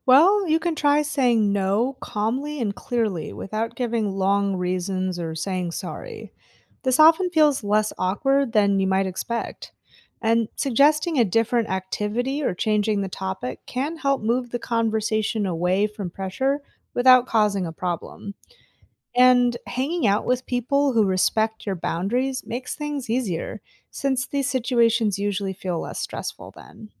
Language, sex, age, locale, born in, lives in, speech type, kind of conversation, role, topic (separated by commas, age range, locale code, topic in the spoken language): English, female, 35-39, en-US, United States, United States, read, expert-assistant, expert, How can you recognize and respond to peer pressure?